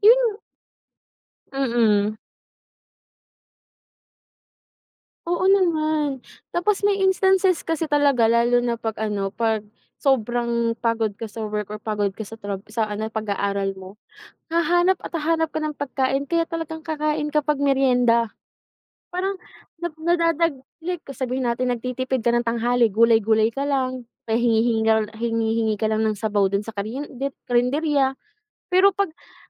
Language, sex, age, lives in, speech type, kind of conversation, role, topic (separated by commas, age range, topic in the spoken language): Filipino, female, 25-29, Philippines, conversation, unstructured, none, Paano mo pinipili kung saan mo gagastusin ang pera mo araw-araw?